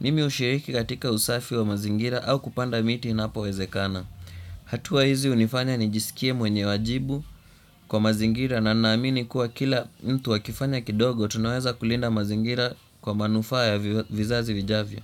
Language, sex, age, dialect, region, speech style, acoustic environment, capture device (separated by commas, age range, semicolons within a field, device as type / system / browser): Swahili, male, 18-24, Kenya - Nairobi Sheng-influenced, Kenya, anxious, silence, desktop / Windows / Chrome